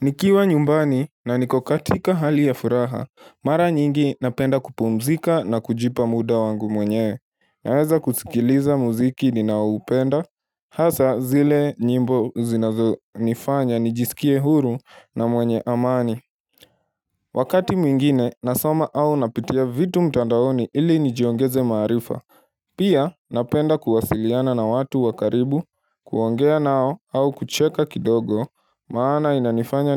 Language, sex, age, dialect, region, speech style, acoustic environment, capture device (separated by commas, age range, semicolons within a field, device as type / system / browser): Swahili, male, 18-24, Kenya - Nairobi Sheng-influenced, Kenya, relaxed; focused, silence, mobile / Linux / Chrome